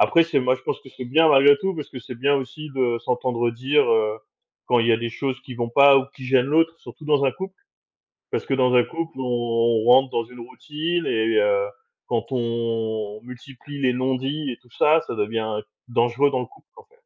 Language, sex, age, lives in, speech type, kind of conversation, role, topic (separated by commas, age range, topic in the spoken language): French, male, 40-44, France, conversation, unstructured, none, À quel point est-il important de dire la vérité, même si cela fait mal ?